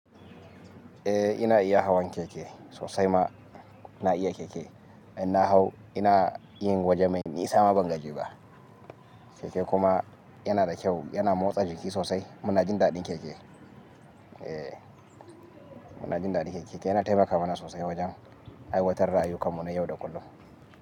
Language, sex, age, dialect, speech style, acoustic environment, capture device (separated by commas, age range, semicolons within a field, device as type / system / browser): Hausa, male, 25-34, Nigeria - Kano, happy, silence, mobile / Linux / Chrome